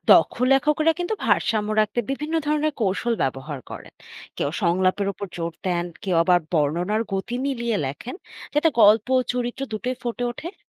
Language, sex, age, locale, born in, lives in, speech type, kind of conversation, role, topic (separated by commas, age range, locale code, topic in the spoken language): Bengali, female, 25-29, bn-BD, Bangladesh, Bangladesh, read, expert-assistant, expert, একটি উপন্যাস বা কবিতার মূল্যায়ন করতে গেলে, গল্প, চরিত্র নাকি লেখার ভঙ্গি—আপনাদের মতে কোন দিকটি সবচেয়ে গুরুত্বপূর্ণ?